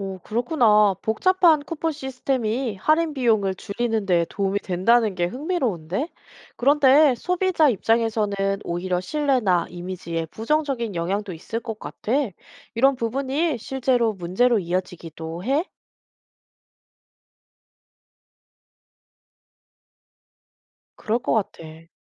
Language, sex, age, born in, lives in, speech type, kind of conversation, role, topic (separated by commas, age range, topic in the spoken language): Korean, female, 30-34, South Korea, South Korea, read, expert-assistant, asker, 온라인 쇼핑에서 쿠폰을 잘 활용하지 못하는 이유는 무엇인가요?